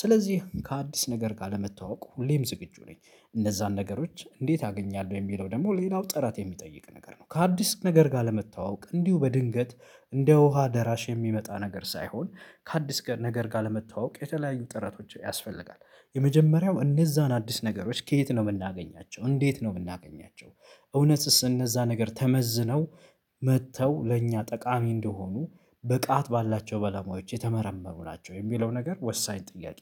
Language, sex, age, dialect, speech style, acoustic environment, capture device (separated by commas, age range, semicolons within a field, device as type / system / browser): Amharic, male, 25-34, Ethiopia - Addis Ababa, focused, silence, mobile / Linux / Chrome